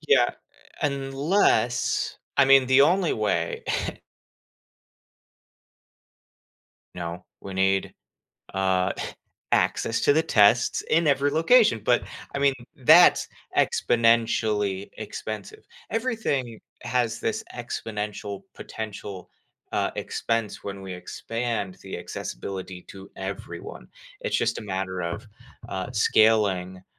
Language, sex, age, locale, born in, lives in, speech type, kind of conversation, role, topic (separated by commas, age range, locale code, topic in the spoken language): English, male, 30-34, en-US, United States, United States, conversation, podcast, host, How is technology changing the way we manage our health and well-being?